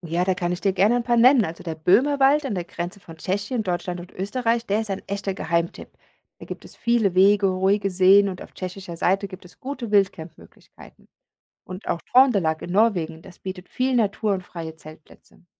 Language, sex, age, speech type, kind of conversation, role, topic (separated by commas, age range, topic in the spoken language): German, female, 40-44, read, expert-assistant, expert, Welche Wander- oder Campingziele in Europa würdest du mit wenig Zeit ganz oben auf deine Liste setzen – und warum?